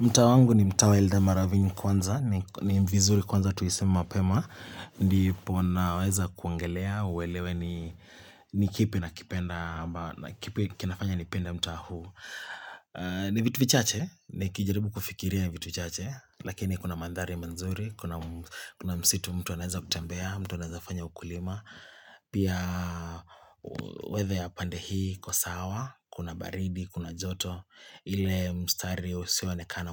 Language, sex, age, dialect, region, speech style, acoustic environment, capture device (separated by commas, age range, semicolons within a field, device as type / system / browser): Swahili, male, 25-34, Kenya - Nairobi Sheng-influenced, Kenya, relaxed, silence, mobile / Linux / Chrome